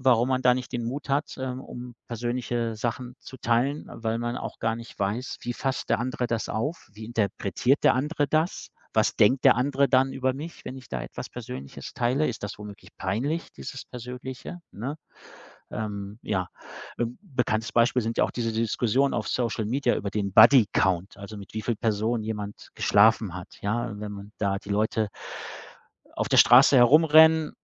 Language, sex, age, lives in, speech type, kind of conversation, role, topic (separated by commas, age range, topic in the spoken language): German, male, 45-49, Germany, conversation, podcast, guest, Wie findest du den Mut, sehr Persönliches zu teilen?